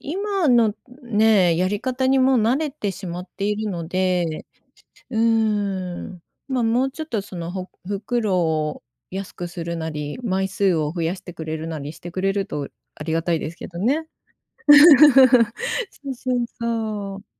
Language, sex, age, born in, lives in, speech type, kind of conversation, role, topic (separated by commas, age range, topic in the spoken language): Japanese, female, 55-59, Japan, Japan, conversation, podcast, guest, 使い捨てプラスチックを減らすために、日常でどんな工夫ができますか？